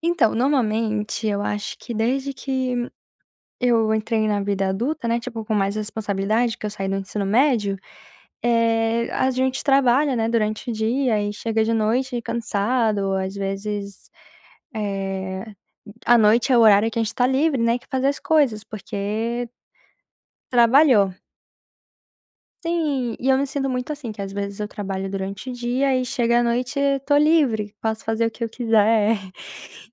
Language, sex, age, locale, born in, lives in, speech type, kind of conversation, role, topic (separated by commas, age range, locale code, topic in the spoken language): Portuguese, female, 20-24, pt-BR, Brazil, United States, conversation, advice, user, Como posso sair do ciclo de hábitos automáticos que me prejudicam diariamente?